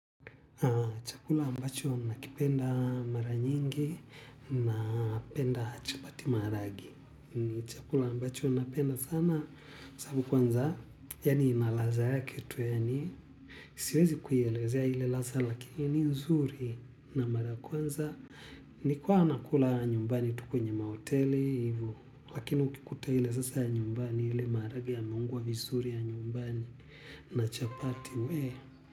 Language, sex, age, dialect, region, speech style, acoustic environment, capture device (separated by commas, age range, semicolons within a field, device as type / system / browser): Swahili, male, 25-34, Tanzania - Dar es Salaam, Tanzania, relaxed, people talking; music; silence, mobile / Linux / Chrome